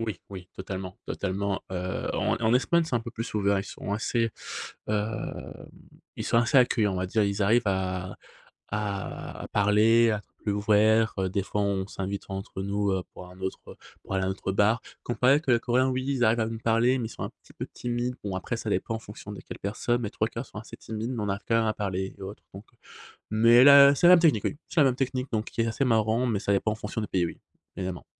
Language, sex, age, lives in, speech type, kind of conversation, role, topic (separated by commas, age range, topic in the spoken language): French, male, 30-34, Spain, conversation, podcast, guest, Comment gères-tu la solitude lorsque tu voyages ?